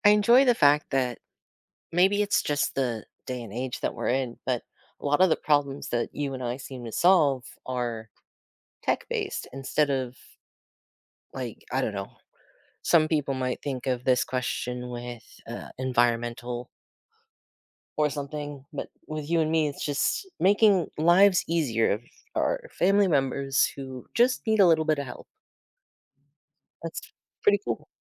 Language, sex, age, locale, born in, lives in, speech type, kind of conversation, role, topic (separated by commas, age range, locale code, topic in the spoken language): English, male, 30-34, en-US, United States, United States, conversation, unstructured, none, What’s a time you solved a problem that made you proud?